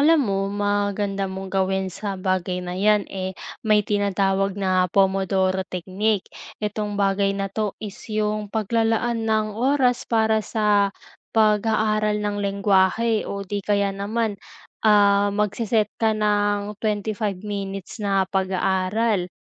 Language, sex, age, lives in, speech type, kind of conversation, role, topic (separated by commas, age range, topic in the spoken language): Filipino, female, 20-24, Philippines, conversation, advice, advisor, Paano ako mananatiling nakatuon sa gawain sa takdang oras?